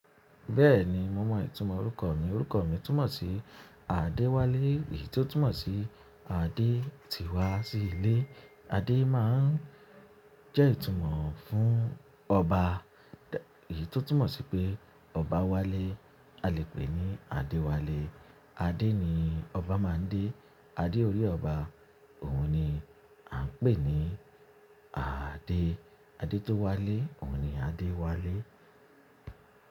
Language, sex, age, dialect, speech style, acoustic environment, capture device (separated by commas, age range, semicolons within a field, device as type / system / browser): Yoruba, male, 25-34, Nigeria - Ibadan, happy; excited; focused, silence, mobile / Linux / Chrome